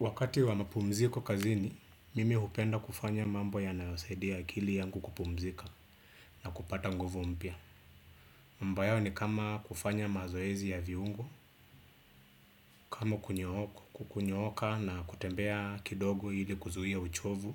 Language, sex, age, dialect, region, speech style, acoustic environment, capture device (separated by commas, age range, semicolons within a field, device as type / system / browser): Swahili, male, 25-34, Kenya - Nairobi Sheng-influenced, Kenya, relaxed, silence; appliances, desktop / Windows / Chrome